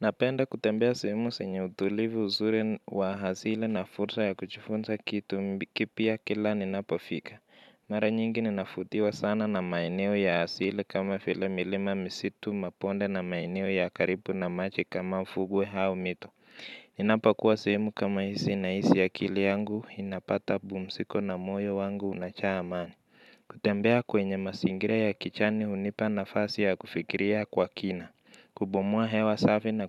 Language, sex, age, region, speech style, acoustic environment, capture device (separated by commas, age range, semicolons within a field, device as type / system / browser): Swahili, male, 25-34, Kenya, relaxed, nature, mobile / Linux / Chrome